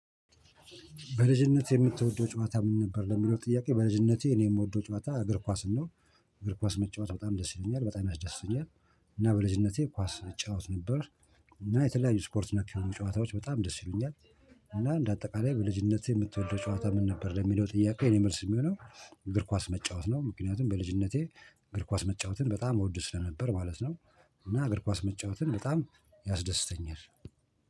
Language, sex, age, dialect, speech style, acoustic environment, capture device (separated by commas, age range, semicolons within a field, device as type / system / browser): Amharic, male, 45-54, Ethiopia - Addis Ababa, focused, nature, mobile / Linux / Chrome